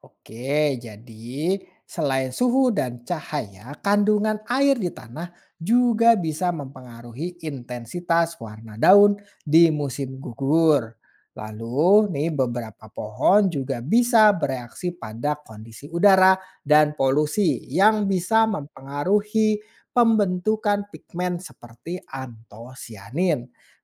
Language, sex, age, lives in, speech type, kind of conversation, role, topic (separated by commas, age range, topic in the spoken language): Indonesian, male, 30-34, Indonesia, read, expert-assistant, expert, Apa yang menyebabkan daun berubah warna saat musim gugur?